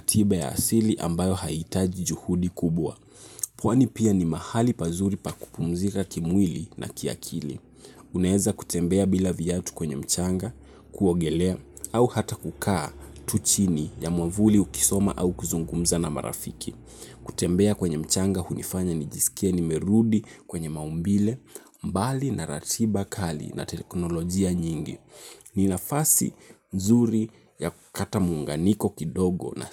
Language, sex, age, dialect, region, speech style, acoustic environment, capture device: Swahili, male, 18-24, Kenya - Nairobi Sheng-influenced, Kenya, relaxed, nature; traffic; appliances, mobile / Linux / Chrome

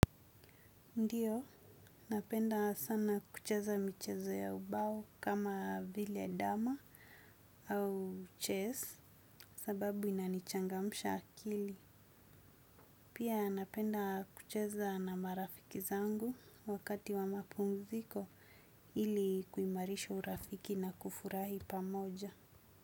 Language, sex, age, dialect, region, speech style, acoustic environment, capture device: Swahili, female, 25-34, Kenya - Mombasa, Kenya, happy; excited; focused, nature; silence; traffic; appliances, desktop / Windows / Chrome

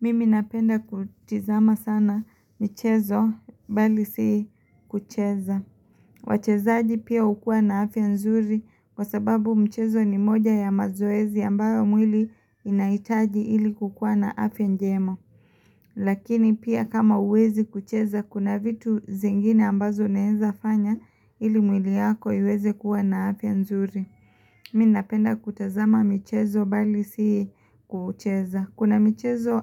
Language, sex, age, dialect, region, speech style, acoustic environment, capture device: Swahili, female, 25-34, Kenya - Mombasa, Kenya, focused, silence, mobile / Linux / Chrome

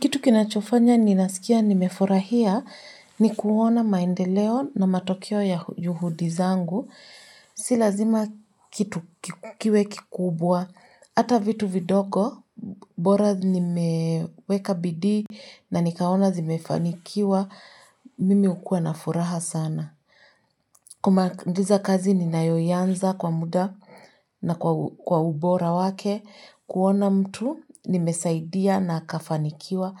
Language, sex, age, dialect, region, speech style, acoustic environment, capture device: Swahili, female, 25-34, Kenya - Nairobi Sheng-influenced, Kenya, focused, silence, mobile / Linux / Chrome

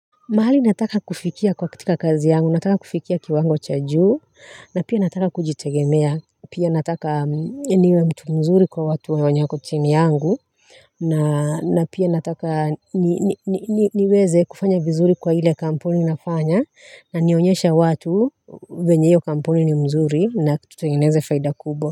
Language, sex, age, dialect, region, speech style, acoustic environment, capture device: Swahili, female, 35-44, Kenya - Nairobi Sheng-influenced, Kenya, relaxed, nature, mobile / Linux / Chrome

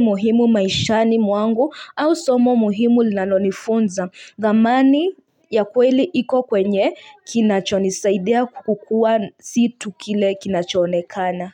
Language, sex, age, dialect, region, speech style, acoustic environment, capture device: Swahili, female, 25-34, Kenya - Nairobi Sheng-influenced, Kenya, happy, people talking; music; nature, mobile / Linux / Chrome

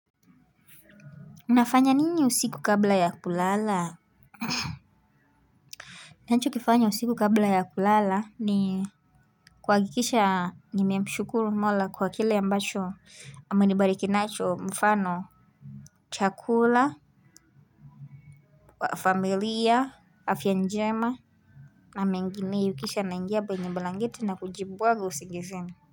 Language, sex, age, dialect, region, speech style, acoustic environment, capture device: Swahili, female, 18-24, Kenya - Nairobi Sheng-influenced, Kenya, relaxed, music, mobile / Linux / Chrome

